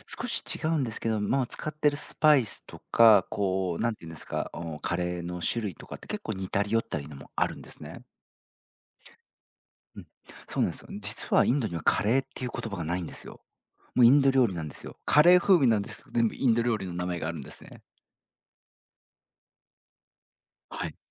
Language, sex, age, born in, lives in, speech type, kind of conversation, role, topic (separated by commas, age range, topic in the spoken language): Japanese, male, 40-44, Japan, Japan, conversation, podcast, guest, 食べ物を通して自分の価値観を伝えることはありますか？